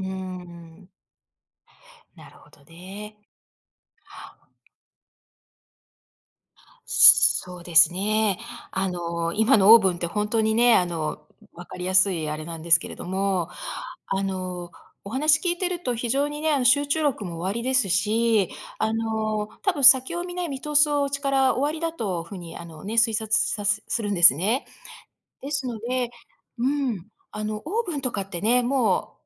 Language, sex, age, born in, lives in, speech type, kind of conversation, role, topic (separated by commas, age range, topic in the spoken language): Japanese, female, 55-59, Japan, United States, conversation, advice, advisor, 先延ばしが原因で仕事や家事が進まないとき、どうしたら改善できますか？